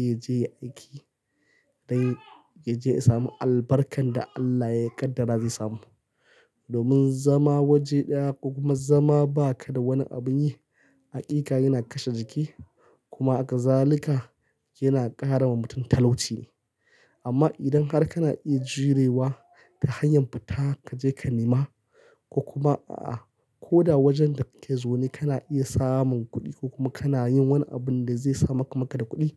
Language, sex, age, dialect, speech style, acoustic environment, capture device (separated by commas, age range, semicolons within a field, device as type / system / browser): Hausa, male, 25-34, Nigeria - Kano, happy; excited; focused, people talking, mobile / Linux / Chrome